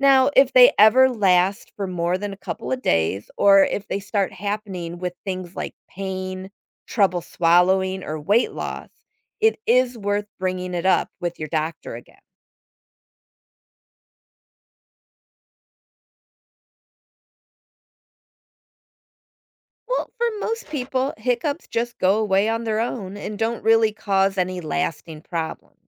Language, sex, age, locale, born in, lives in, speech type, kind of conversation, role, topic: English, female, 50-54, en-US, United States, United States, read, expert-assistant, expert, What should you know about hiccups and when are they a concern?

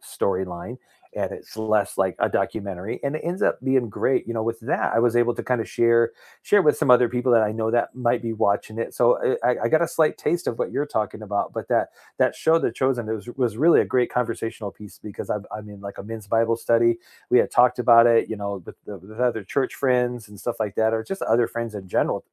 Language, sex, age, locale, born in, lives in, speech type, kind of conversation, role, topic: English, male, 45-49, en-US, United States, United States, conversation, unstructured, none, What comfort TV shows do you rewatch when life gets hectic?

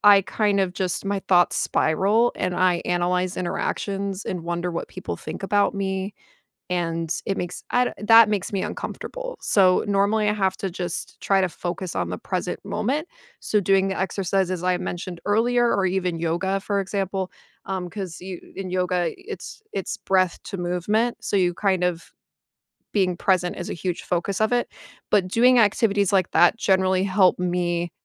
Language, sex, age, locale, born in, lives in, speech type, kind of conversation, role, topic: English, female, 30-34, en-US, United States, United States, conversation, podcast, guest, How can we make stress management a natural part of our everyday routines?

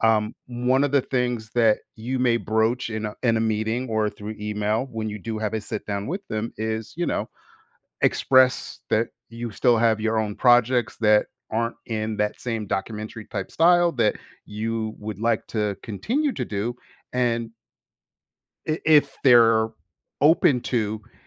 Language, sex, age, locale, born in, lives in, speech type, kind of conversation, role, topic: English, male, 50-54, en-US, United States, United States, conversation, advice, advisor, How can I adjust to an unexpected promotion and handle new responsibilities confidently?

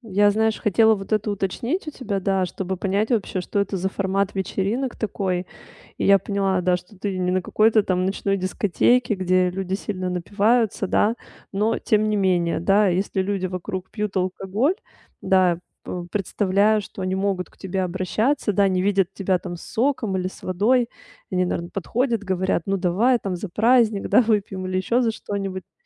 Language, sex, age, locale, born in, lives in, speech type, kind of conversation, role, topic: Russian, female, 35-39, ru-RU, Russia, Italy, conversation, advice, advisor, Как справляться со сложностями на вечеринках и посиделках с друзьями?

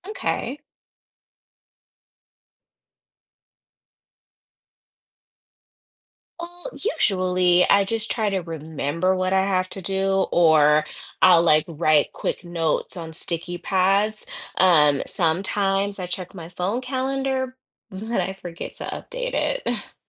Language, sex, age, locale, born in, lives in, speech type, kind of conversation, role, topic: English, female, 40-44, en-US, United States, United States, read, expert-assistant, asker, How can you develop better time management skills?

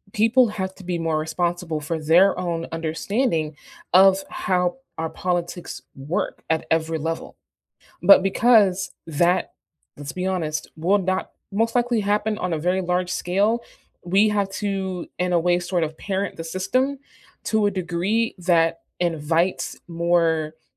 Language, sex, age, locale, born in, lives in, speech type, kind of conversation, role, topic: English, female, 25-29, en-US, United States, United States, conversation, unstructured, none, What risks do you see in social media influencing elections?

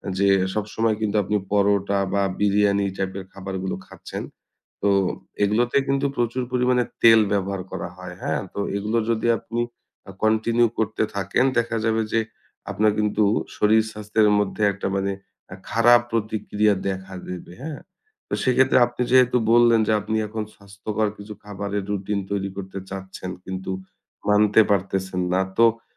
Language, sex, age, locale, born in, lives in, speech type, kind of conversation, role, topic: Bengali, male, 30-34, bn-BD, Bangladesh, Bangladesh, conversation, advice, advisor, আপনার কাছে স্বাস্থ্যকর খাওয়ার রুটিন মেনে চলা কেন কঠিন মনে হচ্ছে?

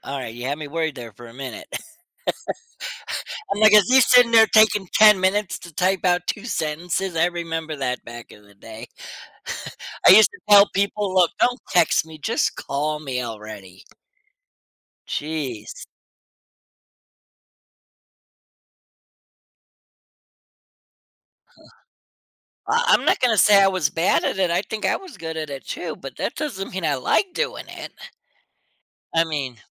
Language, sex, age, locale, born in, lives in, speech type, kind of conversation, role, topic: English, male, 40-44, en-US, United States, United States, conversation, podcast, guest, In what ways has technology influenced our ability to connect with others?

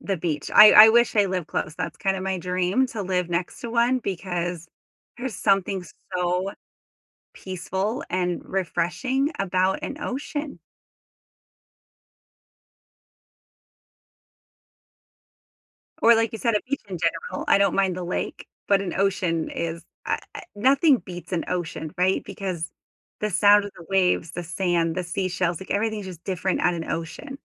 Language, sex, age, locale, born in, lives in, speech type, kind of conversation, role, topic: English, female, 35-39, en-US, United States, United States, conversation, unstructured, none, What is your favorite way to enjoy nature outdoors?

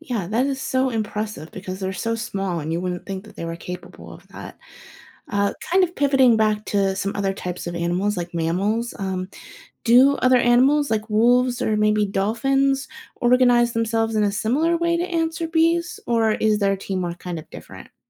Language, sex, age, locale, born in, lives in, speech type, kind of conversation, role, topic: English, female, 30-34, en-US, United States, United States, read, expert-assistant, asker, Why do some animals live in groups or alone?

